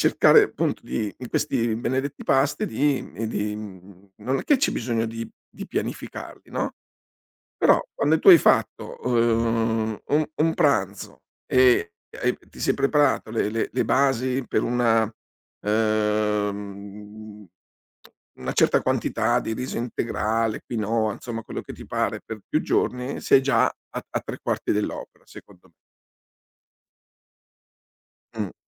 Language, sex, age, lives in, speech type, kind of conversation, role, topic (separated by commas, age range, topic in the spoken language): Italian, male, 60-64, Italy, conversation, advice, advisor, Perché mi capita di saltare pasti sani e scegliere spesso cibo spazzatura?